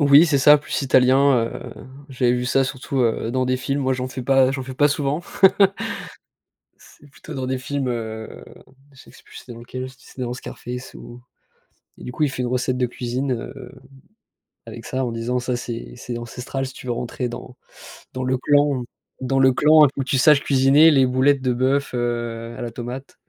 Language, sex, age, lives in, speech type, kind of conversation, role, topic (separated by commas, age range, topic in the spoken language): French, male, 25-29, France, conversation, unstructured, none, Est-ce que cuisiner est pour toi un plaisir ou une corvée ?